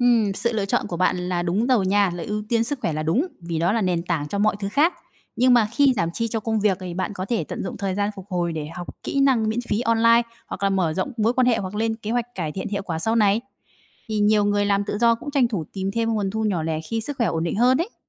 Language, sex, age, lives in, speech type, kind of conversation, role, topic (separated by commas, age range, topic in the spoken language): Vietnamese, female, 30-34, Vietnam, read, expert-assistant, expert, Các mô hình phân bổ ngân sách cá nhân như 50/30/20, Kakeibo, Envelope System hoạt động ra sao và nên chọn mô hình nào?